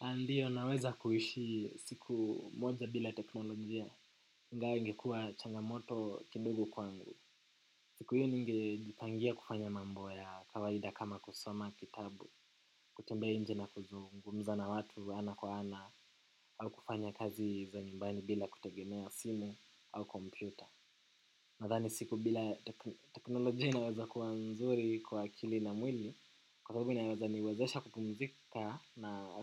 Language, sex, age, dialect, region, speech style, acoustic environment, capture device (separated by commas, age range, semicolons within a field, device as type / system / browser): Swahili, male, 18-24, Kenya - Nairobi Sheng-influenced, Kenya, relaxed, silence, desktop / macOS / Chrome